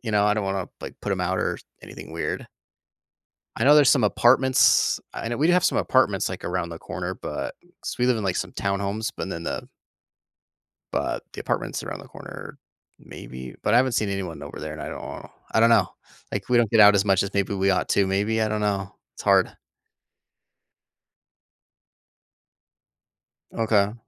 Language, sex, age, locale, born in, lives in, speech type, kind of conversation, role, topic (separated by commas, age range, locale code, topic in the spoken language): English, male, 30-34, en-US, United States, United States, conversation, advice, user, How can I make meaningful friends in a new city despite being shy and having limited free time?